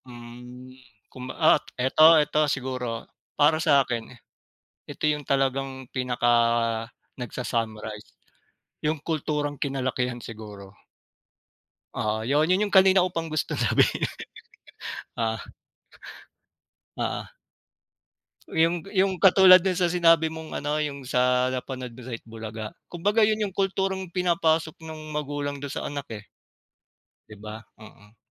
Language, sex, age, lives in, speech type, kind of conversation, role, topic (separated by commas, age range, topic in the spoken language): Filipino, male, 40-44, Philippines, conversation, unstructured, none, Ano ang masasabi mo tungkol sa hindi patas na pagtrato sa mga mahihirap?